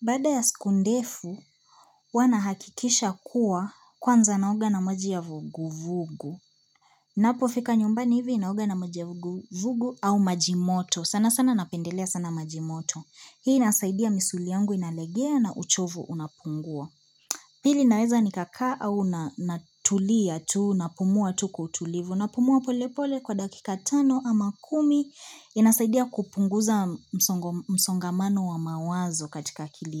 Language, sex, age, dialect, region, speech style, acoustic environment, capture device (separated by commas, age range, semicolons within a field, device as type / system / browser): Swahili, female, 25-34, Kenya - Nairobi Sheng-influenced, Kenya, focused; happy, people talking; appliances, mobile / Linux / Chrome